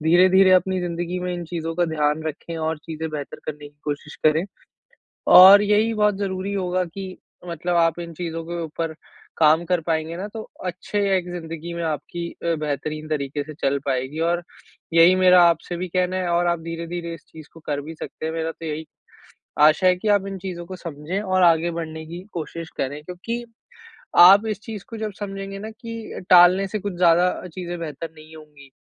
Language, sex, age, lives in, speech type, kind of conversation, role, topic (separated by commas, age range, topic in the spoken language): Hindi, male, 20-24, India, conversation, advice, advisor, मैं कैसे तय करूँ कि कौन-से काम सबसे पहले करने चाहिए—ज़रूरी और महत्वपूर्ण कामों में प्राथमिकता कैसे तय करूँ?